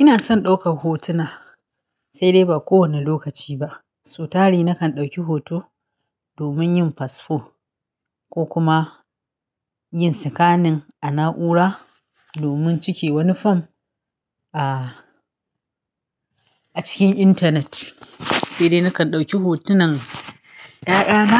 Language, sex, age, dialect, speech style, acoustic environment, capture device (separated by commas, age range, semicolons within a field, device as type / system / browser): Hausa, female, 35-44, Nigeria - Kano, happy, silence, mobile / Linux / Chrome